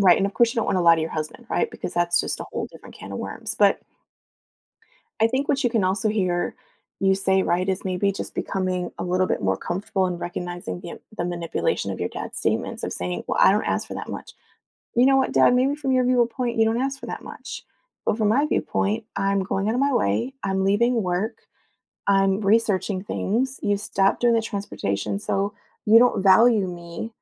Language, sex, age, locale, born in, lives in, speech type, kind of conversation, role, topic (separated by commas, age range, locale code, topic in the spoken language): English, female, 40-44, en-US, United States, United States, conversation, advice, advisor, How can I manage my schedule to spend more meaningful time with my family?